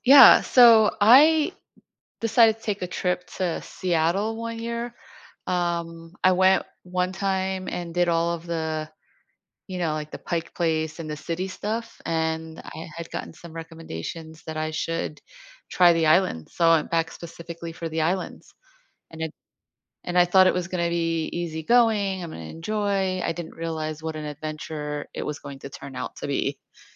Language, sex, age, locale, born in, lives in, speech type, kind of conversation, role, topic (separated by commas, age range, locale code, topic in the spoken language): English, female, 50-54, en-US, United States, United States, conversation, podcast, guest, How have unexpected experiences shaped your outlook on life?